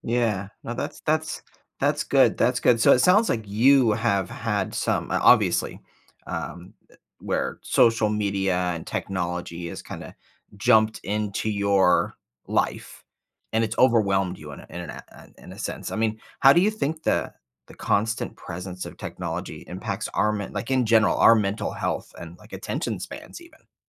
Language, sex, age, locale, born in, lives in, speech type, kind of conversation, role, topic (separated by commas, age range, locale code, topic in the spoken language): English, male, 45-49, en-US, United States, United States, conversation, podcast, host, What are some effective ways to create a healthy balance between technology use and offline life?